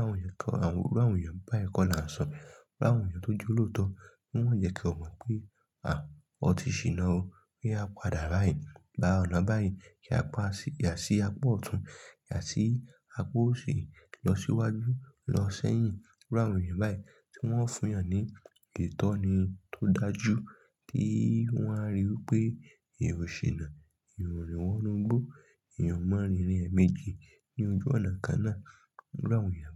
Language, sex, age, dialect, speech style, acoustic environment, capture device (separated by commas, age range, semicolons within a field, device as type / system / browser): Yoruba, male, 25-34, Nigeria - Lagos Yoruba, excited; focused; relaxed, people talking; traffic; silence; nature, mobile / Linux / Chrome